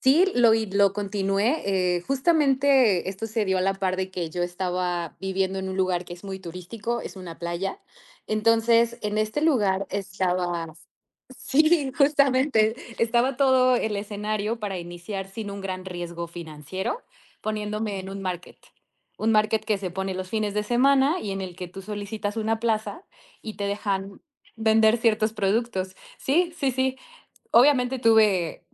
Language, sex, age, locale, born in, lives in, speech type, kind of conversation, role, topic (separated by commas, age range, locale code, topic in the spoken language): Spanish, female, 30-34, es-MX, Mexico, Mexico, conversation, podcast, guest, ¿Cómo te llega la inspiración para crear algo nuevo?